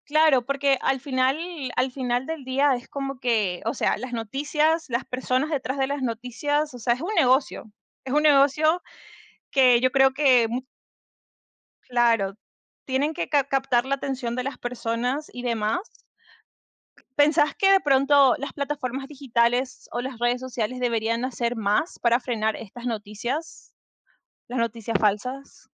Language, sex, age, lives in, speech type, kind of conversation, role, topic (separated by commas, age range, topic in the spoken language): Spanish, female, 25-29, United States, conversation, unstructured, none, ¿Crees que los gobiernos deberían regular más la desinformación?